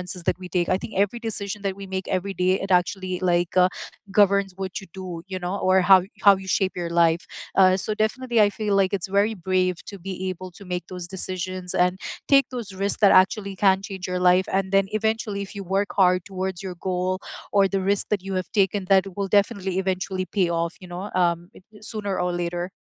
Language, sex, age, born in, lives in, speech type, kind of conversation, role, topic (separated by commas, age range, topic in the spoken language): English, female, 35-39, United States, United States, conversation, unstructured, none, What small chance did you take that paid off, and how did it change you?